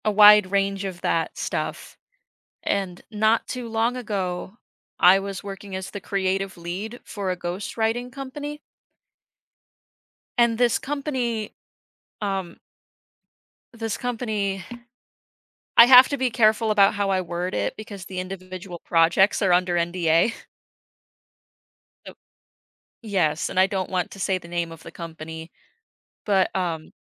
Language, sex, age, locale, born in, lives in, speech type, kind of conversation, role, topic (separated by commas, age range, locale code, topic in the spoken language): English, female, 25-29, en-US, United States, United States, conversation, podcast, guest, What lesson did you learn from failure?